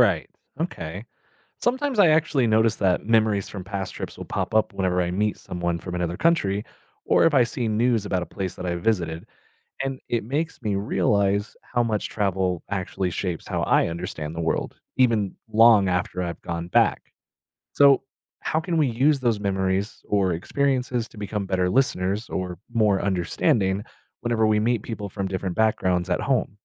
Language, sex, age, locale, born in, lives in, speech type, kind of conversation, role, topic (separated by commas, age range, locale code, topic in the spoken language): English, male, 30-34, en-US, United States, United States, read, expert-assistant, asker, How does travel broaden our perspective and understanding?